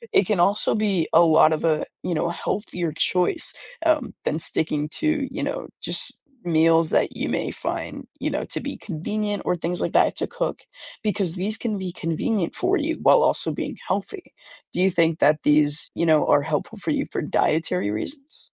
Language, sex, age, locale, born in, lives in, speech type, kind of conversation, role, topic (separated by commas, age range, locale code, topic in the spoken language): English, male, 18-19, en-US, United States, United States, conversation, advice, advisor, How can I decide if a meal kit subscription is right for my lifestyle?